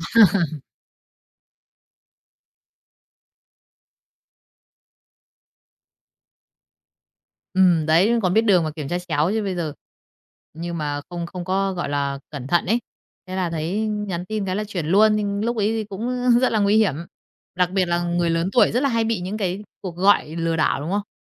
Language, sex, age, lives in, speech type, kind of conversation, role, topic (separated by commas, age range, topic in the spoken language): Vietnamese, female, 30-34, Vietnam, conversation, podcast, host, Bạn bảo vệ quyền riêng tư trên mạng như thế nào?